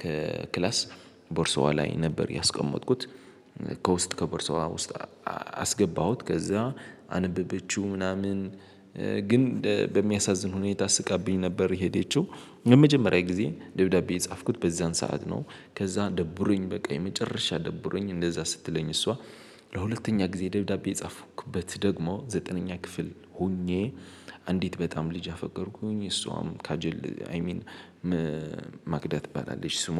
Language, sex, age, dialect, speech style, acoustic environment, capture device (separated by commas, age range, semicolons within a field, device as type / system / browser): Amharic, male, 18-24, Ethiopia - Addis Ababa, excited, people talking, mobile / Linux / Chrome